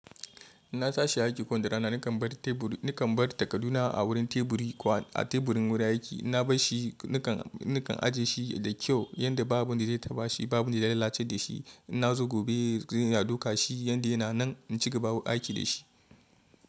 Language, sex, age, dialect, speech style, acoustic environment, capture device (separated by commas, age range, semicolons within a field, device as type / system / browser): Hausa, male, 25-34, Nigeria - Kano, happy, traffic, mobile / Linux / Chrome